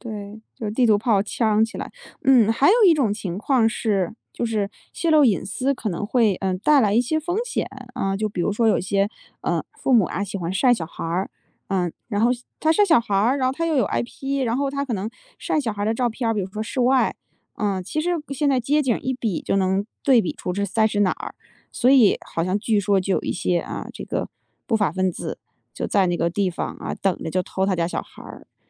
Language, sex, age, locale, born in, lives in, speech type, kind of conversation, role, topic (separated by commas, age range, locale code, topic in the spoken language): Chinese, female, 35-39, zh-CN, China, United States, conversation, podcast, host, 隐私在未来会越来越难保护吗？